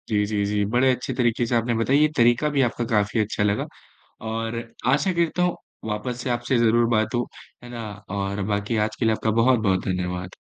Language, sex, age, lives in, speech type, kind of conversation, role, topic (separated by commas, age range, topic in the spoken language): Hindi, male, 20-24, India, conversation, podcast, host, ध्यान करने से नींद की गुणवत्ता में क्या सुधार हो सकता है?